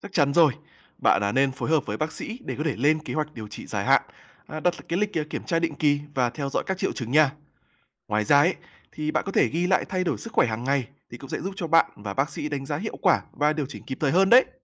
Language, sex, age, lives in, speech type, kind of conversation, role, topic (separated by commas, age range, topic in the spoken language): Vietnamese, male, 20-24, Vietnam, read, expert-assistant, expert, Khi đứng trước lựa chọn điều trị, làm sao để biết đâu là quyết định phù hợp với sức khỏe lâu dài của mình?